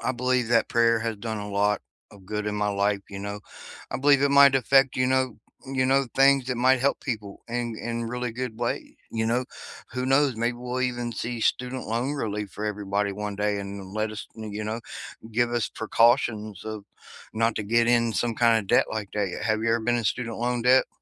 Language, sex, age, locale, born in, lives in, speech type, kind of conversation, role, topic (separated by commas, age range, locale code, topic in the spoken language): English, male, 40-44, en-US, United States, United States, conversation, unstructured, none, What has your experience been like trying to pay off debt?